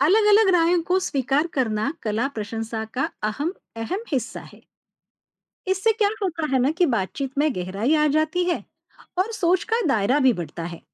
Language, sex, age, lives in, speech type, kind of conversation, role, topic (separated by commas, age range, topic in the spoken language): Hindi, female, 45-49, India, read, expert-assistant, expert, कला पर अपनी राय खुलकर रखने से आत्मविश्वास कैसे बढ़ा सकता हूँ?